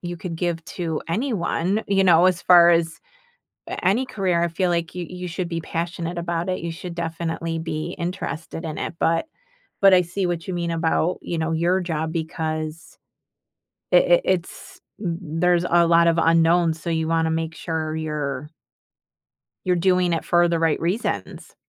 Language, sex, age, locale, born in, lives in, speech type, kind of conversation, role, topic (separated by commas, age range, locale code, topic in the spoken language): English, female, 50-54, en-US, United States, United States, conversation, podcast, host, What do you wish you had known when you first started your career?